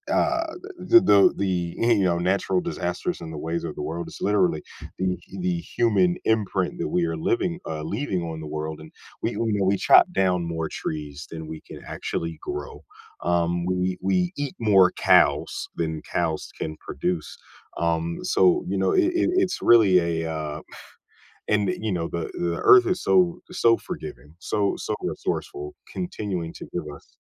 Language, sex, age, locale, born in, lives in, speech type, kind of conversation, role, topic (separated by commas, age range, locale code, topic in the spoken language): English, male, 30-34, en-US, United States, United States, conversation, podcast, host, Why are the relationships between plants and animals essential for healthy ecosystems?